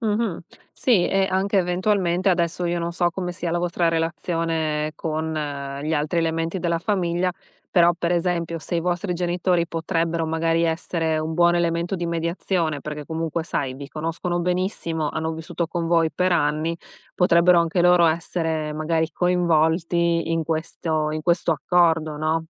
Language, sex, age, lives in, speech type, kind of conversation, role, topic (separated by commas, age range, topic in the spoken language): Italian, female, 35-39, United States, conversation, advice, advisor, Come posso gestire una convivenza improvvisa con il partner o con familiari stretti?